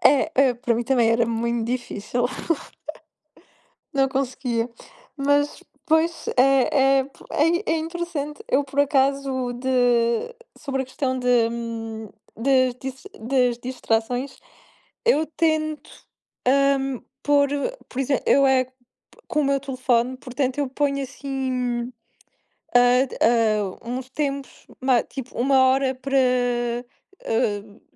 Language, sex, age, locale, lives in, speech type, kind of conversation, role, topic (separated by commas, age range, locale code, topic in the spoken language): Portuguese, female, 20-24, pt-PT, Portugal, conversation, unstructured, none, Qual é a sua rotina para ter um dia produtivo?